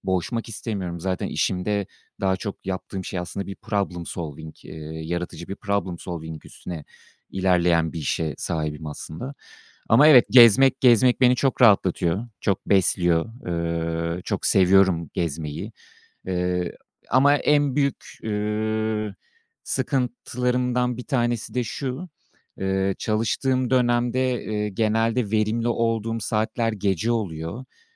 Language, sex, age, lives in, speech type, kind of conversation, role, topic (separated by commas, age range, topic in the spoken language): Turkish, male, 35-39, France, conversation, advice, user, Yaratıcı işlerin ile günlük sorumluluklarını dengelemekte neden zorlanıyorsun?